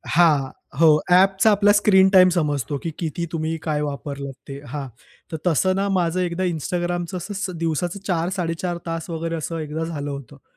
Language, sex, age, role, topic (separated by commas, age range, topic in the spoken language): Marathi, male, 25-29, guest, सोशल मिडियावर वेळ घालवताना तुम्ही कसे संतुलन साधता?